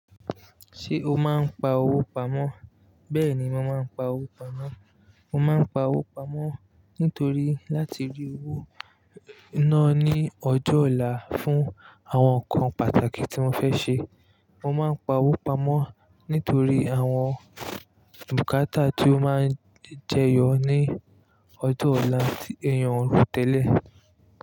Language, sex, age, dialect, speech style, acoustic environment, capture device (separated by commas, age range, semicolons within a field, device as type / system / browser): Yoruba, male, 25-34, Nigeria - Lagos Yoruba, relaxed, nature; people talking, mobile / Linux / Chrome